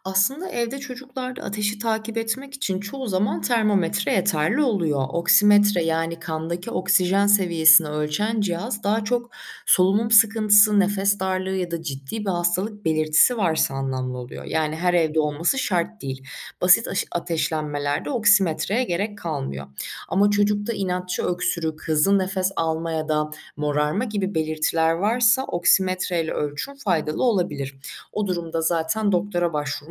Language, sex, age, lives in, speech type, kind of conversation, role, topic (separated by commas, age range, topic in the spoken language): Turkish, female, 25-29, Germany, read, expert-assistant, expert, Evde çocuklara acil müdahalede nelere dikkat etmeli miyiz?